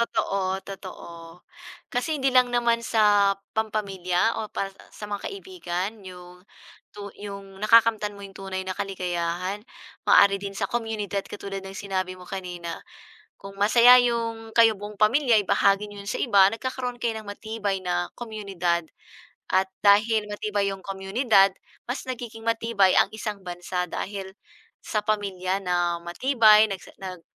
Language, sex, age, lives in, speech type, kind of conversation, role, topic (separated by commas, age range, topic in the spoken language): Filipino, female, 40-44, Philippines, conversation, unstructured, none, Paano mo ipaliliwanag ang konsepto ng tunay na kaligayahan?